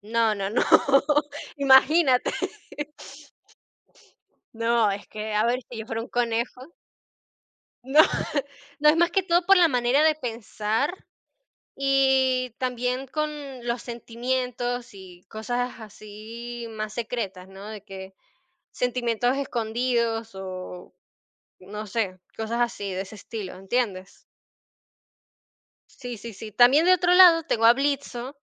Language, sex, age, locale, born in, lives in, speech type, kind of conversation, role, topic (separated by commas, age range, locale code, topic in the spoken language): Spanish, female, 50-54, es-VE, Venezuela, Portugal, conversation, podcast, guest, ¿Qué personaje de ficción sientes que te representa?